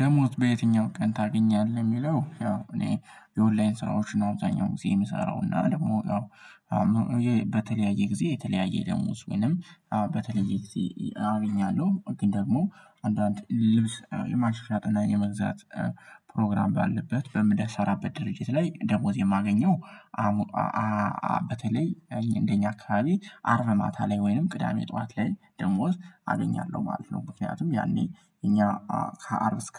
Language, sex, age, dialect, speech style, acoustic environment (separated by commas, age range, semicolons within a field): Amharic, male, 18-24, Ethiopia - Gojjam, happy, nature